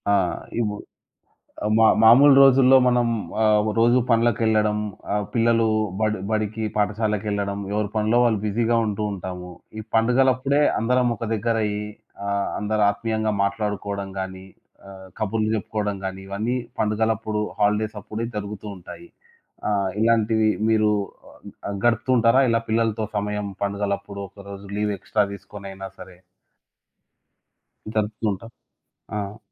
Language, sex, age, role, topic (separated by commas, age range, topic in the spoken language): Telugu, male, 25-29, host, మీ ఇంట్లో పండుగలను ఎలా జరుపుకుంటారు?